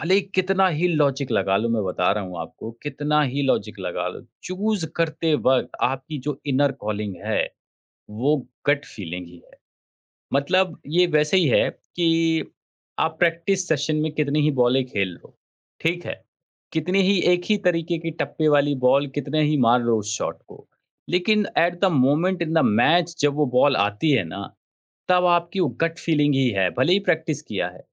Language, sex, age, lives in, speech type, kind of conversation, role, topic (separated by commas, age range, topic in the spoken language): Hindi, male, 30-34, India, conversation, podcast, guest, Gut feeling aur logic mein se tum zyada kis par bharosa karte ho?